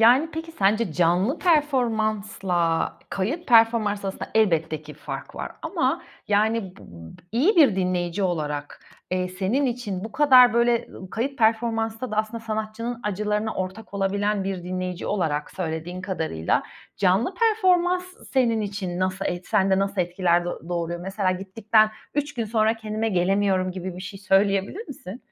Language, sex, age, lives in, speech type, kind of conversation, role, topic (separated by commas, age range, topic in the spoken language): Turkish, female, 35-39, Italy, conversation, podcast, host, Müzik zevkin nasıl şekillendi ve en çok neler dinlersin?